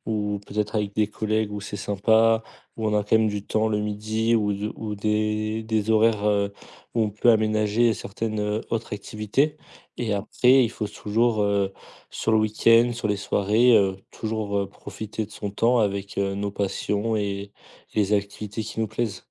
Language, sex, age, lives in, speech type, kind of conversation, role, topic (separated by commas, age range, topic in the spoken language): French, male, 20-24, France, conversation, podcast, guest, Comment trouves-tu l’équilibre entre le travail et ta vie personnelle au quotidien ?